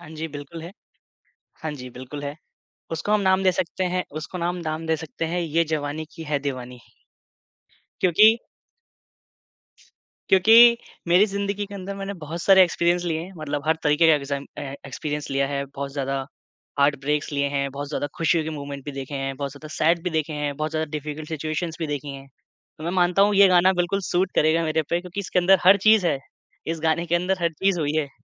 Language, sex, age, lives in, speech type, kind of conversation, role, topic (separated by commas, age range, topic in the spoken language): Hindi, male, 25-29, India, conversation, podcast, guest, Apni zindagi ka soundtrack kaunsa hoga?